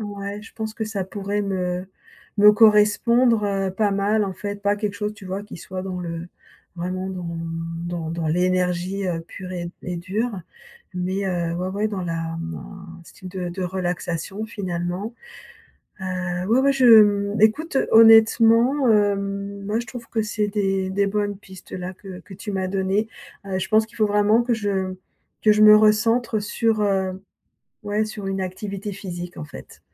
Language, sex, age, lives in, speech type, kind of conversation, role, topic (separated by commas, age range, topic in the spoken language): French, female, 55-59, France, conversation, advice, user, Quelles techniques rapides puis-je utiliser pour détendre le corps et l’esprit ?